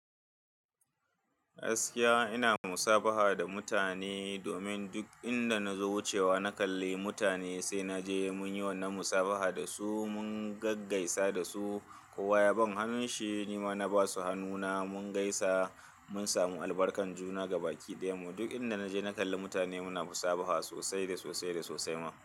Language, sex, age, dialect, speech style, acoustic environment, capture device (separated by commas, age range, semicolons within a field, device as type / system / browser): Hausa, male, 35-44, Nigeria - Kano, happy, people talking, desktop / Linux / Chrome